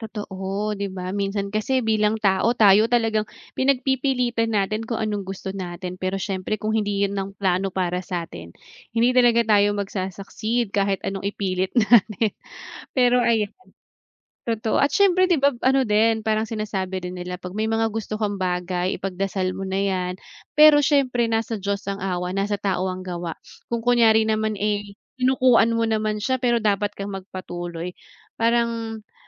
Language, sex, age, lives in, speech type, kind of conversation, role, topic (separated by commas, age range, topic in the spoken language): Filipino, female, 30-34, Philippines, conversation, unstructured, none, Paano mo pinipili kung kailan ka dapat sumuko at kung kailan ka dapat magpatuloy?